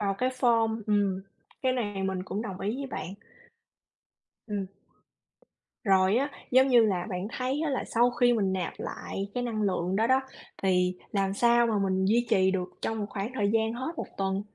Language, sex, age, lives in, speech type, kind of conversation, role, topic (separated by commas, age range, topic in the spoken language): Vietnamese, female, 35-39, United States, conversation, unstructured, none, Bạn thường làm gì để nạp lại năng lượng?